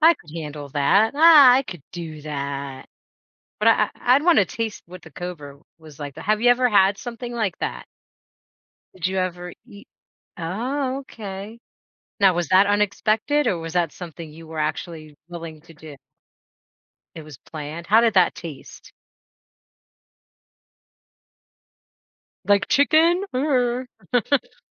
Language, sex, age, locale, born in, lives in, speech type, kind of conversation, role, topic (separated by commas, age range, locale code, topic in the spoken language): English, female, 40-44, en-US, United States, United States, conversation, podcast, host, How do surprises and unplanned moments shape your travel experiences?